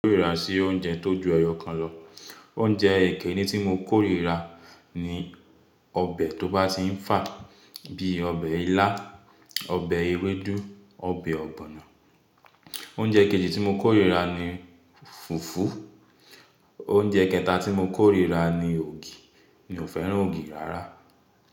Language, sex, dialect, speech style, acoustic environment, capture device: Yoruba, male, Nigeria - Nigerian Standard, relaxed, appliances, mobile / Linux / Chrome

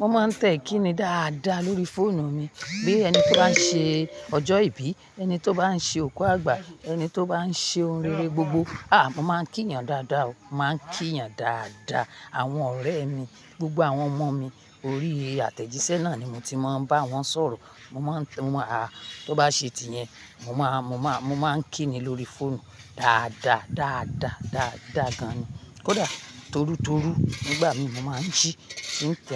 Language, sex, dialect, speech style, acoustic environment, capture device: Yoruba, female, Nigeria - Ibadan, happy; nervous; anxious, people talking; music; nature, mobile / Linux / Chrome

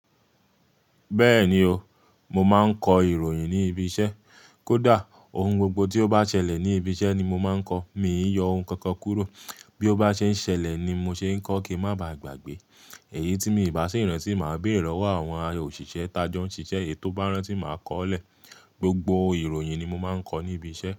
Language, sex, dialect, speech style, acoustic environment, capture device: Yoruba, male, Nigeria - Nigerian Standard, relaxed, silence, mobile / Linux / Chrome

